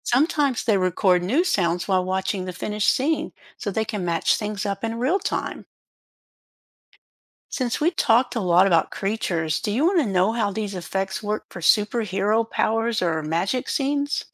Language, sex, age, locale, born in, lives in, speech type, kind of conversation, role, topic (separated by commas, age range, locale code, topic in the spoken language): English, female, 60-64, en-US, United States, United States, read, expert-assistant, expert, How do movie special effects create realistic scenes?